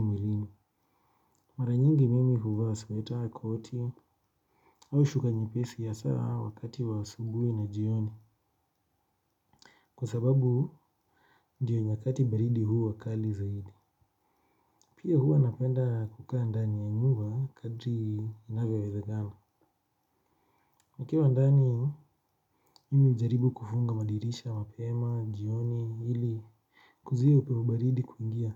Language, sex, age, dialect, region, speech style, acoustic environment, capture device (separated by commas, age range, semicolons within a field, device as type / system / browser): Swahili, male, 25-34, Kenya - Nairobi Sheng-influenced, Kenya, relaxed, silence, mobile / Linux / Chrome